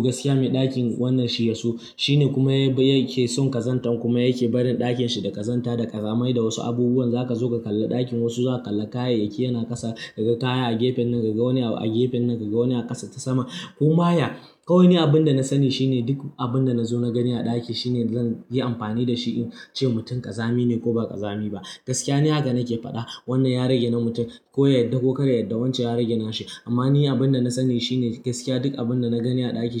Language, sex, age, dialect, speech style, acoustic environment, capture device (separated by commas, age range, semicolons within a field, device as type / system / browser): Hausa, male, 18-24, Nigeria - Kano, happy, silence, mobile / Linux / Chrome